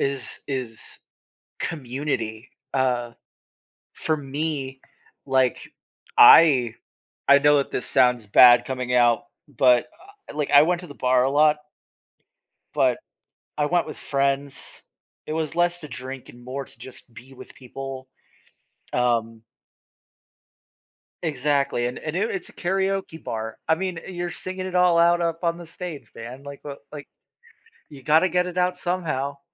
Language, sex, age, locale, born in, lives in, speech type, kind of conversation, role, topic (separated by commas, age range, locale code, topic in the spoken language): English, male, 25-29, en-US, United States, United States, conversation, podcast, guest, How can people find meaningful and healthy ways to cope with grief?